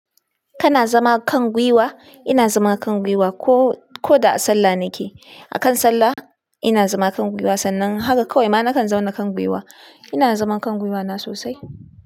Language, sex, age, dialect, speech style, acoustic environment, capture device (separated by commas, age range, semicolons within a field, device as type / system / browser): Hausa, female, 25-34, Nigeria - Kano, anxious; nervous, people talking; appliances, desktop / Linux / Chrome